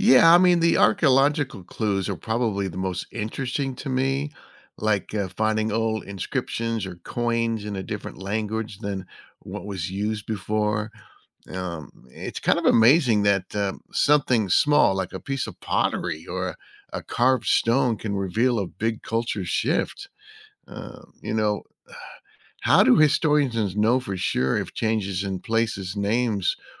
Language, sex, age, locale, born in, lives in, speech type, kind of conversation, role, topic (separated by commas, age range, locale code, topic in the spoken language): English, male, 60-64, en-US, United States, United States, read, expert-assistant, asker, How can political boundaries and their history be visualized?